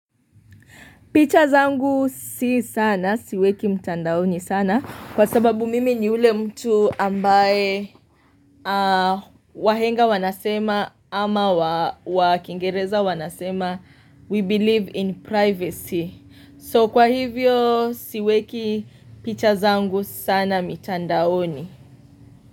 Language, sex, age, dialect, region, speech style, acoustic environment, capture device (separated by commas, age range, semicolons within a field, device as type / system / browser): Swahili, female, 25-34, Kenya - Mombasa, Kenya, happy; relaxed, nature, mobile / Linux / Chrome